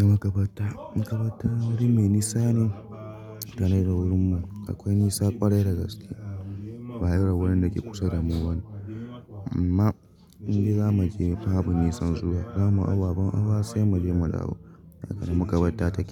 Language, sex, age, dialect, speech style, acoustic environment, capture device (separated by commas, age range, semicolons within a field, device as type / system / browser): Hausa, male, 25-34, Nigeria - Nigerian Standard, happy, people talking, mobile / Linux / Chrome